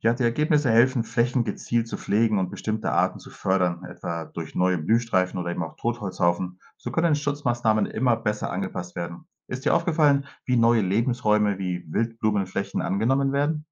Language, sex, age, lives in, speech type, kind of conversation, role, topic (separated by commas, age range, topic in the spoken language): German, male, 45-49, Spain, read, expert-assistant, expert, Stell dir vor, die Welt hätte doppelt so viele Bäume wie heute. Wie würde das unser Klima und unsere Lebensweise beeinflussen?